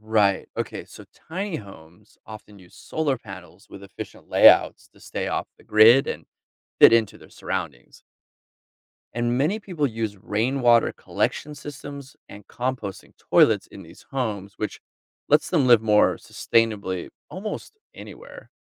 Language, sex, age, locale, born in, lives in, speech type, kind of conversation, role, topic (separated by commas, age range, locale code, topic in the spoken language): English, male, 40-44, en-US, United States, United States, read, expert-assistant, expert, How do people design homes suited to their environment?